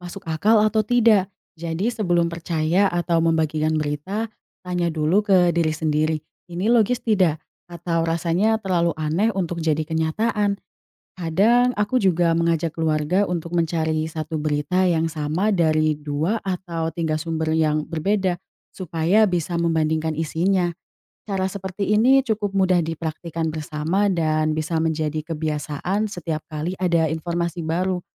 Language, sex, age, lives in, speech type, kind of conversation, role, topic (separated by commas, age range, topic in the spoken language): Indonesian, female, 20-24, Indonesia, read, expert-assistant, asker, Bagaimana cara membiasakan keluarga berpikir kritis saat berdiskusi tentang berita?